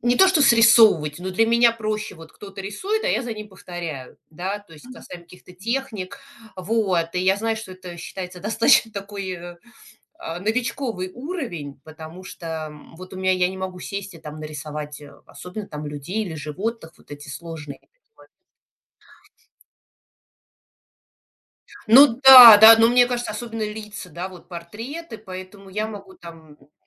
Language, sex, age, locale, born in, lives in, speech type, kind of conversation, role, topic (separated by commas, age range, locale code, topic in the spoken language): Russian, female, 40-44, ru-RU, Russia, United States, conversation, podcast, guest, Как ты находишь свой творческий голос?